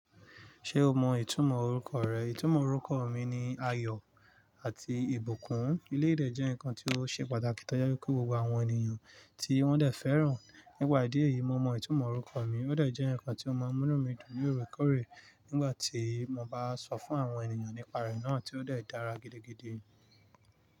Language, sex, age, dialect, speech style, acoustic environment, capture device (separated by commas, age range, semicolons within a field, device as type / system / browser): Yoruba, male, 25-34, Nigeria - Nigerian Standard, focused, nature, mobile / Linux / Chrome